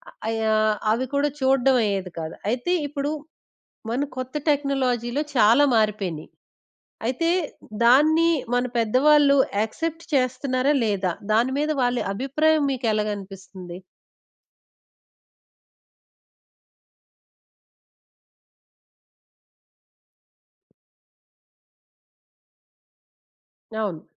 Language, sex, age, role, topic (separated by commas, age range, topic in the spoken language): Telugu, female, 20-24, host, కొత్త సాంకేతికతపై పెద్దల అభిప్రాయం మీకు ఎలా అనిపిస్తుంది?